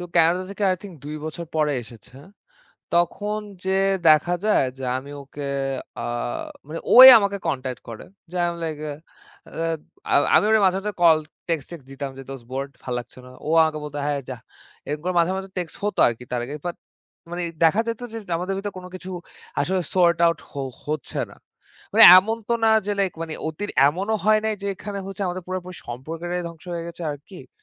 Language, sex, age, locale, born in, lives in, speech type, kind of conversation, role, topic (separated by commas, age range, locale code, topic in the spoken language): Bengali, male, 25-29, bn-BD, Bangladesh, Bangladesh, conversation, unstructured, none, দ্বন্দ্ব মিটে গিয়ে ভালো বন্ধুত্ব তৈরি হয়েছে—এমন কোনো প্রিয় গল্প কি আপনার আছে?